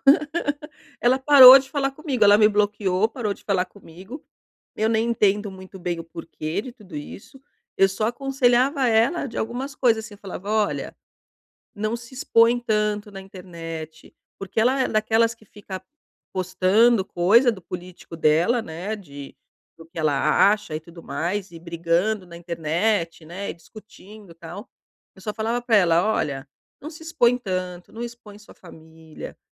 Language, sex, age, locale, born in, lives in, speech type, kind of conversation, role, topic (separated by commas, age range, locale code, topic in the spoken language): Portuguese, female, 50-54, pt-BR, Brazil, Portugal, conversation, advice, user, Como posso quebrar o silêncio e lidar com o ressentimento entre membros da minha família?